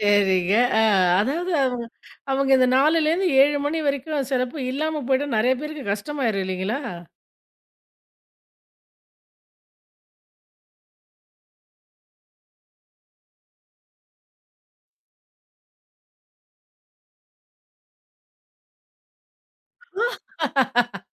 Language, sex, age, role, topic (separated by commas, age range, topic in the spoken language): Tamil, female, 40-44, host, உங்களுக்குப் பாதையோர உணவு சாப்பிட்டபோது நடந்த ஒரு அதிசயமான அனுபவத்தைப் பற்றி சொல்ல முடியுமா?